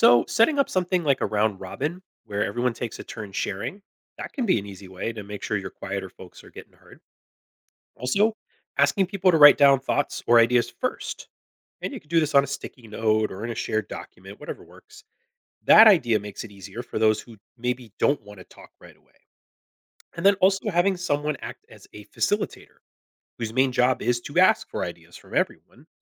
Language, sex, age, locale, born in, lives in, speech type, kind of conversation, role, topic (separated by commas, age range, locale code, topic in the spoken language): English, male, 40-44, en-US, United States, United States, read, expert-assistant, expert, How does teamwork help solve problems more effectively?